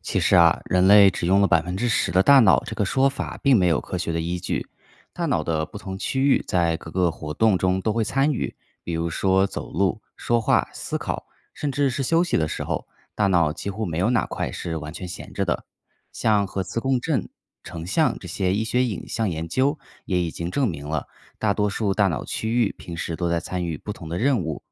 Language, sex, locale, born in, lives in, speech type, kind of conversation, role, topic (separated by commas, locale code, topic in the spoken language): Chinese, male, zh-CN, China, United States, read, expert-assistant, expert, 运动和训练如何影响大脑和记忆力？